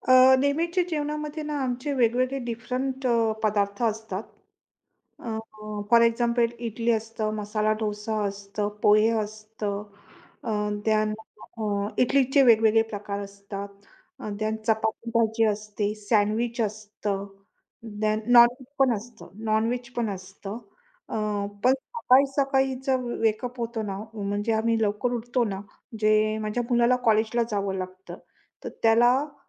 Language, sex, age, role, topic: Marathi, female, 45-49, guest, जेवणाच्या वेळी सगळ्यांना कसे समाविष्ट करता?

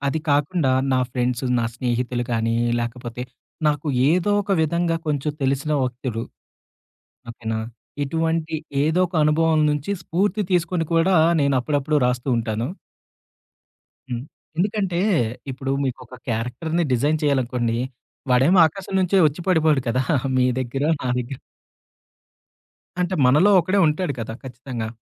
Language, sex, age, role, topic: Telugu, male, 30-34, guest, వ్యక్తిగత అనుభవాలను మీరు మీ కళలో ఎలా ఉపయోగిస్తారు?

